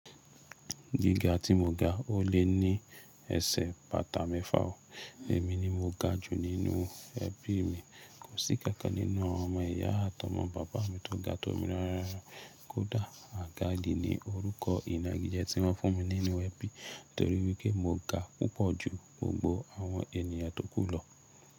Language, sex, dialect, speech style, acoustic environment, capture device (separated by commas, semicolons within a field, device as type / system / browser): Yoruba, male, Nigeria - Nigerian Standard, stressed, appliances, mobile / Linux / Chrome